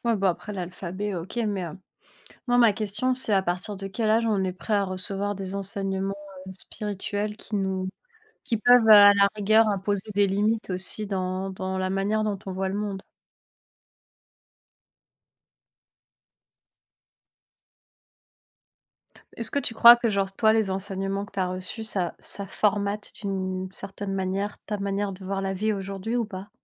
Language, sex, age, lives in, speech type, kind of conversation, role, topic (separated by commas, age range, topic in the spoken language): French, female, 30-34, France, conversation, unstructured, none, Est-il juste d’imposer des croyances religieuses à l’école ?